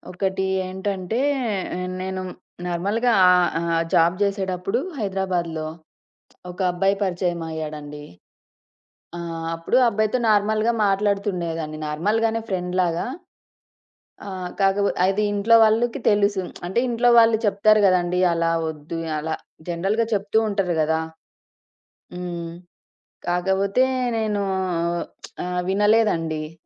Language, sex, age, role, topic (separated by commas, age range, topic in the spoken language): Telugu, female, 30-34, guest, అంగీకారం శాంతి తెస్తుందనే మీ అనుభవం ఏమిటి?